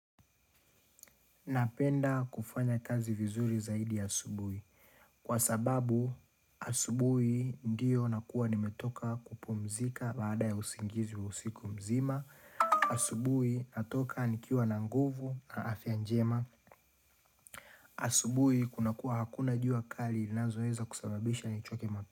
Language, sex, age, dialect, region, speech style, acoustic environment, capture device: Swahili, male, 25-34, Tanzania - Dar es Salaam, Tanzania, happy, nature, mobile / Linux / Chrome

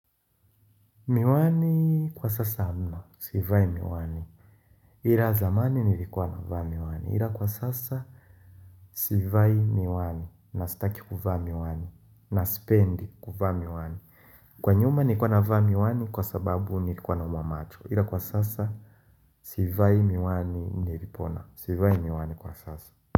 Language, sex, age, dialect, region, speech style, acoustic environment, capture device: Swahili, male, 25-34, Tanzania - Dar es Salaam, Tanzania, happy, nature, mobile / Linux / Chrome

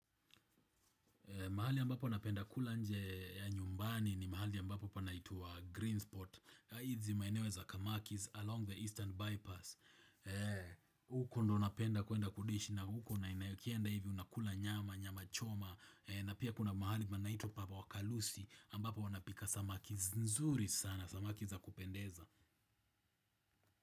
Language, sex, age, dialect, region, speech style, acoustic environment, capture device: Swahili, male, 25-34, Kenya - Nairobi Sheng-influenced, Kenya, happy, people talking, desktop / Windows / Chrome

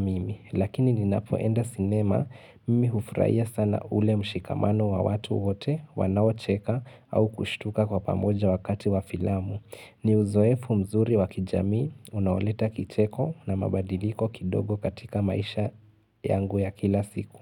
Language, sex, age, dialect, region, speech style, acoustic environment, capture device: Swahili, male, 18-24, Kenya - Mombasa, Kenya, focused; relaxed, silence, desktop / Linux / Chrome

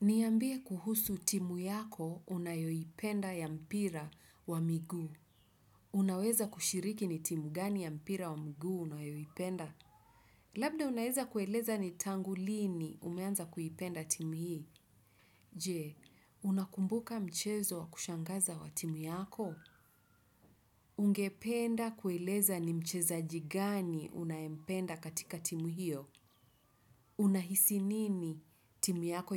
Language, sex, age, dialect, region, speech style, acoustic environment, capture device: Swahili, female, 35-44, Kenya - Nairobi Sheng-influenced, Kenya, happy, nature; silence; traffic, desktop / Windows / Chrome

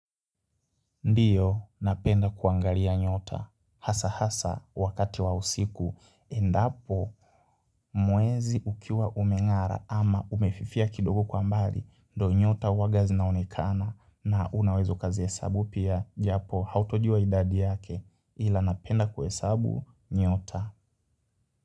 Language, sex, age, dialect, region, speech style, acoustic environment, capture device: Swahili, male, 18-24, Kenya - Nairobi Sheng-influenced, Kenya, happy, people talking, mobile / Linux / Chrome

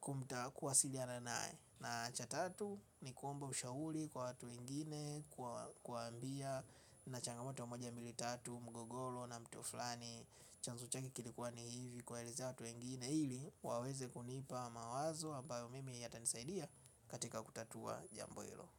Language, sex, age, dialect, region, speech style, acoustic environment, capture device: Swahili, male, 25-34, Tanzania - Dar es Salaam, Tanzania, focused, silence; nature, mobile / Linux / Chrome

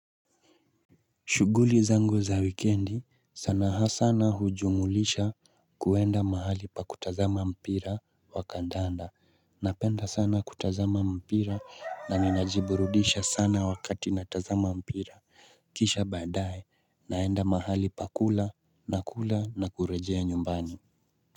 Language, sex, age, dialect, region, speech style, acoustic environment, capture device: Swahili, male, 25-34, Kenya - Nairobi Sheng-influenced, Kenya, relaxed, nature, mobile / Linux / Chrome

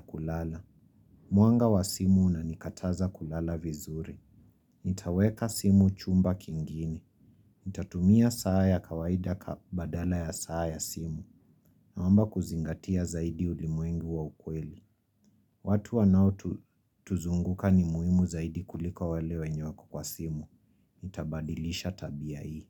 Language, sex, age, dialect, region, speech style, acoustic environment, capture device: Swahili, female, 25-34, Kenya - Nairobi Sheng-influenced, Kenya, happy; relaxed; excited, silence, mobile / Linux / Chrome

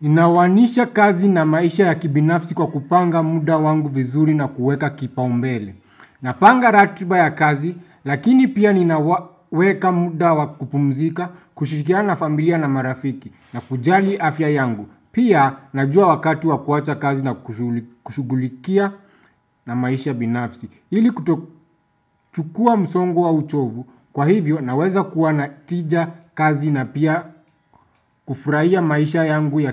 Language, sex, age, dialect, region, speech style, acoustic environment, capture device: Swahili, male, 25-34, Kenya - Nairobi Sheng-influenced, Kenya, happy; anxious; tired; nervous, silence, desktop / Windows / Chrome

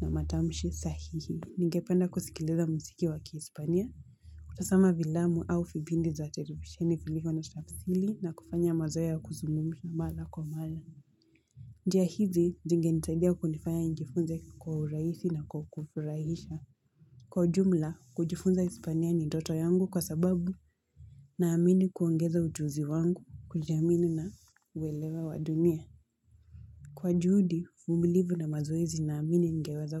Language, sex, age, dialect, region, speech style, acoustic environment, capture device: Swahili, female, 18-24, Kenya - Mombasa, Kenya, anxious, music, mobile / Linux / Chrome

